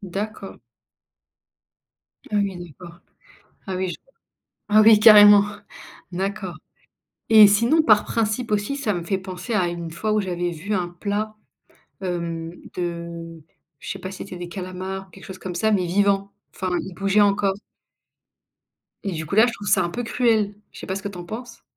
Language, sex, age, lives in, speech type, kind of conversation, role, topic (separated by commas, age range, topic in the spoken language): French, female, 25-29, France, conversation, unstructured, none, As-tu déjà refusé de goûter un plat par principe ?